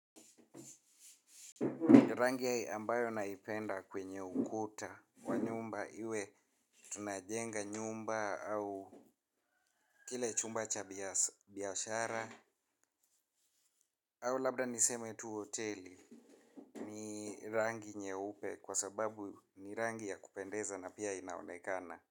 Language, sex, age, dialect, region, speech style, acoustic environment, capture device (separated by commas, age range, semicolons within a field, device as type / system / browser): Swahili, male, 25-34, Kenya - Nairobi Sheng-influenced, Kenya, happy, traffic, mobile / Linux / Chrome